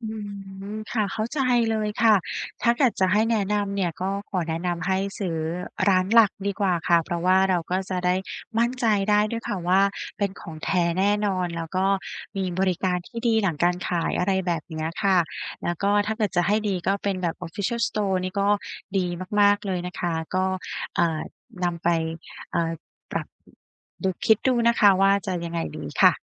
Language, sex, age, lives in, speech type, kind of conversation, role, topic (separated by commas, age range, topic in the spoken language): Thai, female, 35-39, Thailand, conversation, advice, advisor, จะเลือกซื้อของคุณภาพดีในงบจำกัดให้คุ้มค่าได้อย่างไร?